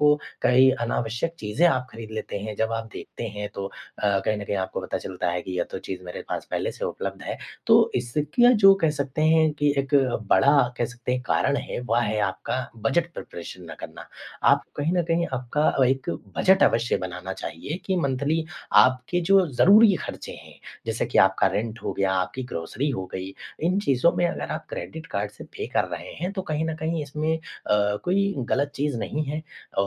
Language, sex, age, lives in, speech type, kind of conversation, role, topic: Hindi, male, 25-29, India, conversation, advice, advisor, मेरे क्रेडिट कार्ड के बिल लगातार बढ़ते जा रहे हैं, मैं क्या करूँ?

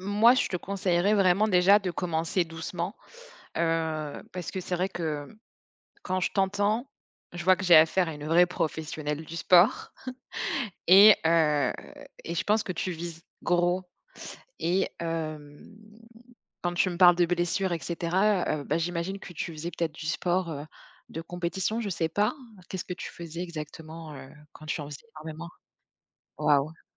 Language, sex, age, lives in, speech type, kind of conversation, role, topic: French, female, 30-34, France, conversation, advice, advisor, Quelle est votre principale difficulté à maintenir une routine d’exercice régulière ?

